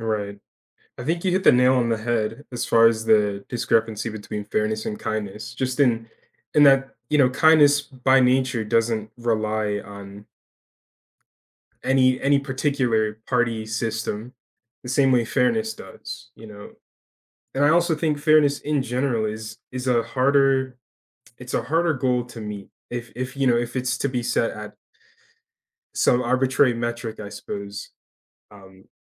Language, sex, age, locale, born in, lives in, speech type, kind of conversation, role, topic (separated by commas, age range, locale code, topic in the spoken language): English, male, 20-24, en-US, United States, United States, conversation, unstructured, none, How do you balance fairness and kindness in everyday tradeoffs with people you love?